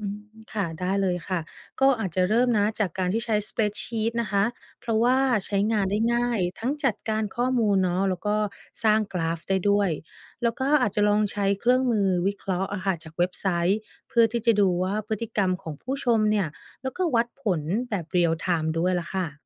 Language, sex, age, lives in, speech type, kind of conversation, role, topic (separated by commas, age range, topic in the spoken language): Thai, female, 30-34, United States, read, expert-assistant, expert, ควรพัฒนาทักษะอะไรบ้างเพื่อเป็นนักการตลาดที่ประสบความสำเร็จในยุคดิจิทัล?